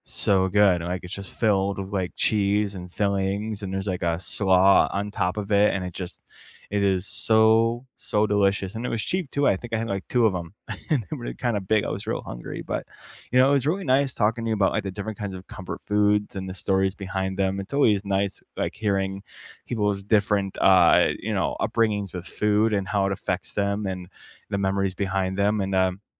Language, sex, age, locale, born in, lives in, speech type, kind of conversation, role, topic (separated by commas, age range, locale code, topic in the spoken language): English, male, 25-29, en-US, United States, United States, conversation, unstructured, none, What are your favorite comfort foods, and what stories are behind them?